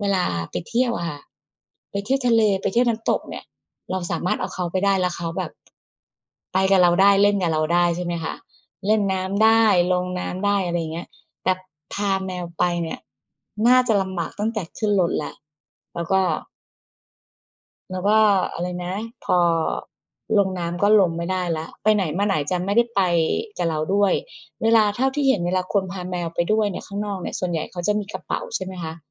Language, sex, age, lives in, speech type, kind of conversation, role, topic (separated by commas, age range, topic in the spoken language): Thai, female, 40-44, Thailand, conversation, unstructured, none, เราควรเลือกสัตว์เลี้ยงอย่างไรให้เหมาะกับวิถีชีวิตของเรา?